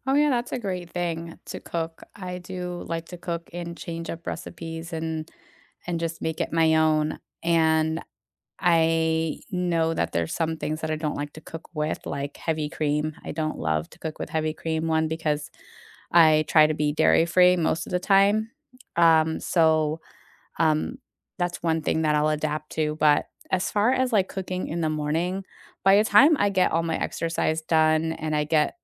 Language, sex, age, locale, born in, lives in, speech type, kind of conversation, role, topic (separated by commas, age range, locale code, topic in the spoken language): English, female, 40-44, en-US, United States, United States, conversation, unstructured, none, What small daily rituals can make your mornings better?